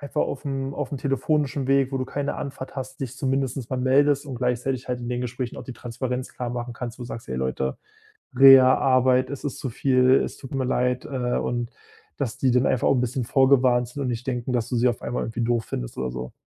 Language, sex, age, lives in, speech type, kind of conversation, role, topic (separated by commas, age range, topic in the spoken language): German, male, 25-29, Germany, conversation, advice, advisor, Wie kann ich klare Prioritäten setzen und bei großen Lebensentscheidungen bessere Entscheidungen treffen?